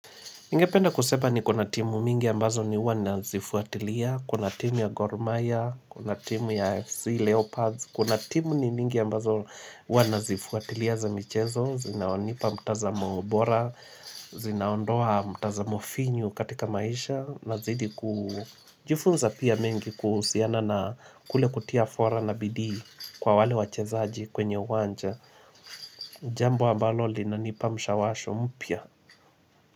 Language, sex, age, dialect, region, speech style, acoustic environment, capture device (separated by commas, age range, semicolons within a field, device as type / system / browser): Swahili, male, 25-34, Kenya - Mombasa, Kenya, happy; relaxed, music, mobile / Linux / Chrome